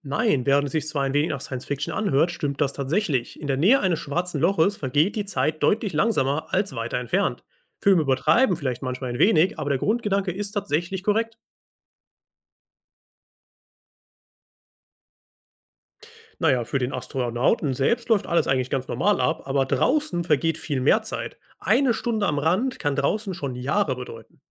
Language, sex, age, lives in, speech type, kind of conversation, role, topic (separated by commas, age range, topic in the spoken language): German, male, 25-29, Germany, read, expert-assistant, expert, Kann man tatsächlich sagen, dass Zeit in der Nähe eines Schwarzen Lochs langsamer vergeht – oder ist das nur Science-Fiction?